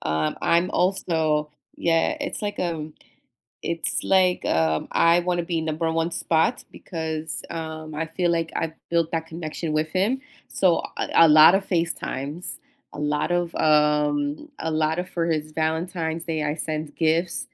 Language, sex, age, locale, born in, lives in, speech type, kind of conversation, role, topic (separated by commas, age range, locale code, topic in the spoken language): English, female, 30-34, en-US, United States, United States, conversation, unstructured, none, How do you keep connections alive, from handwritten letters to today's DMs?